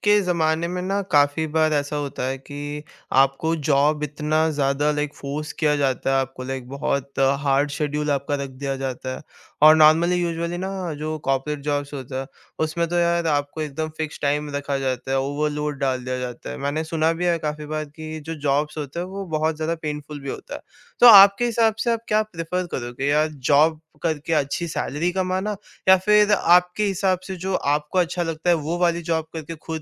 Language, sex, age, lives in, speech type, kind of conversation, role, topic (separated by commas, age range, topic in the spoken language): Hindi, male, 18-19, India, conversation, podcast, host, Tumhare liye naukri ki suraksha zyada maayne rakhti hai ya kaam karne ki azaadi?